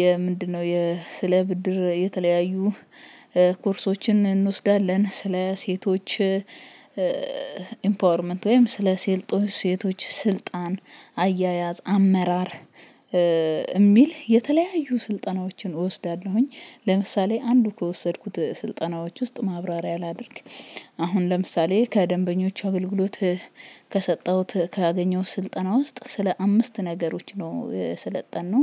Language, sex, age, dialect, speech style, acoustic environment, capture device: Amharic, female, 25-34, Ethiopia - Addis Ababa, happy, silence, mobile / Linux / Chrome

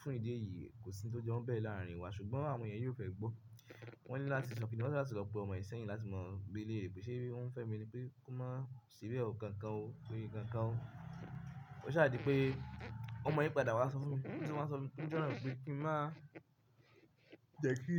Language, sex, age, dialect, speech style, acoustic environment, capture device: Yoruba, male, 25-34, Nigeria - Ibadan, focused, silence, mobile / Linux / Chrome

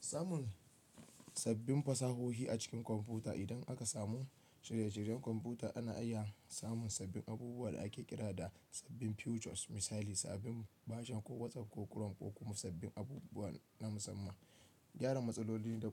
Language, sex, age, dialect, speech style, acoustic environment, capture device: Hausa, male, 25-34, Nigeria - Kano, relaxed, nature, desktop / Linux / Chrome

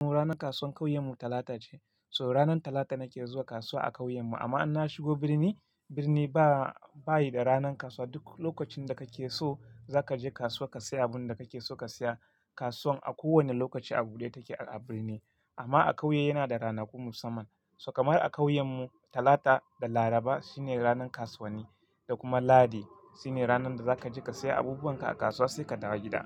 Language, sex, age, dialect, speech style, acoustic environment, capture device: Hausa, male, 25-34, Nigeria - Kano, relaxed; focused, silence, mobile / Linux / Chrome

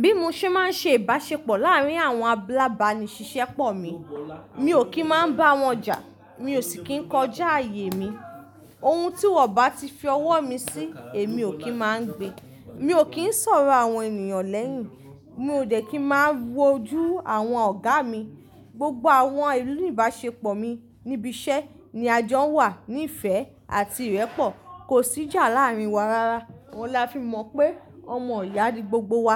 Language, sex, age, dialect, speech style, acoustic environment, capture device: Yoruba, female, 25-34, Nigeria - Ibadan, relaxed; focused, silence; nature; appliances, mobile / Linux / Chrome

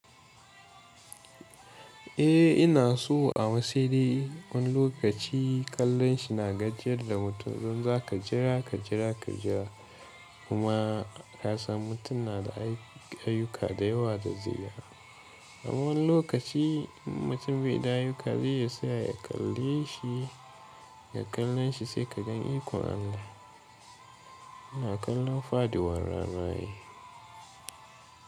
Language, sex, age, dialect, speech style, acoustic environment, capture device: Hausa, male, 18-24, Nigeria - Katsina, tired; relaxed, music; people talking, mobile / Linux / Chrome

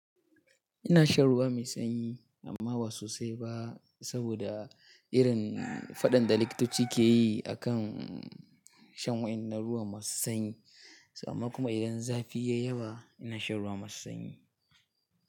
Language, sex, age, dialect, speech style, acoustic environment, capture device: Hausa, male, 18-24, Nigeria - Katsina, happy; anxious; relaxed; excited; focused; nervous, nature; silence, mobile / Linux / Chrome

